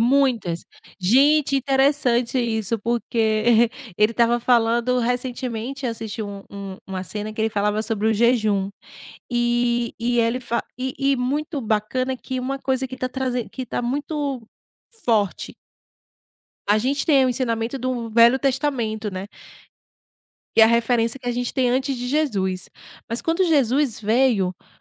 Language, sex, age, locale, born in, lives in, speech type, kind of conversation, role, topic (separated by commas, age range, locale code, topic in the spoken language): Portuguese, female, 35-39, pt-BR, Brazil, Portugal, conversation, podcast, guest, Como a ficção já mudou seu jeito de ver a vida?